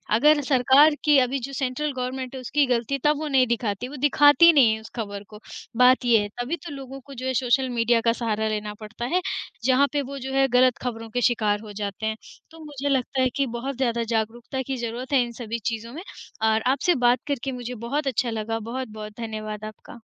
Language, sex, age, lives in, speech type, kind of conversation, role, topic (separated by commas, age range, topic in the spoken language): Hindi, female, 40-44, India, conversation, unstructured, none, आपको क्या लगता है, खबरें हमें अधिक जागरूक बनाती हैं या डराती हैं?